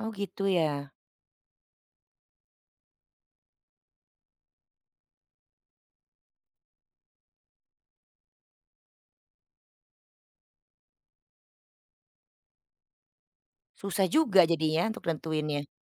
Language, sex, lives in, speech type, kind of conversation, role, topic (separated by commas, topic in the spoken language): Indonesian, female, Netherlands, conversation, podcast, host, Bagaimana cara belajar yang paling efektif untuk Anda?